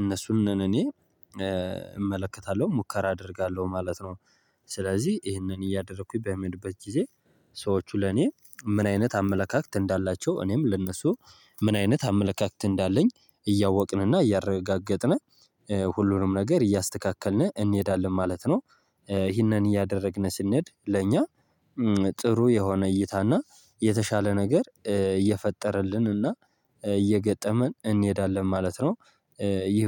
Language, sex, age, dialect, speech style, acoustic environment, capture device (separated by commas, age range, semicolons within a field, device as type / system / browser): Amharic, male, 18-24, Ethiopia - Gojjam, happy, nature, mobile / Linux / Chrome